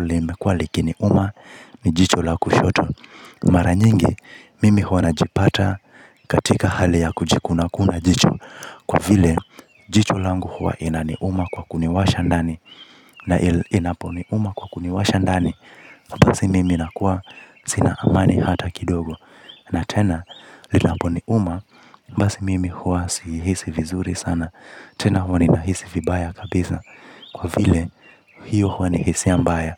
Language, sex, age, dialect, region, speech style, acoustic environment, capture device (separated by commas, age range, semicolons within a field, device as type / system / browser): Swahili, male, 25-34, Kenya - Mombasa, Kenya, happy, nature, mobile / Linux / Chrome